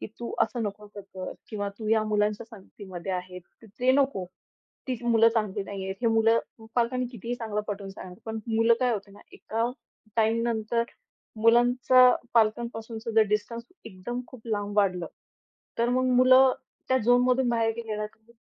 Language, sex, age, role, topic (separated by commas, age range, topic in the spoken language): Marathi, female, 25-29, guest, पालक आणि मुलांमधील संवादाबाबत अपेक्षा कशा असतात?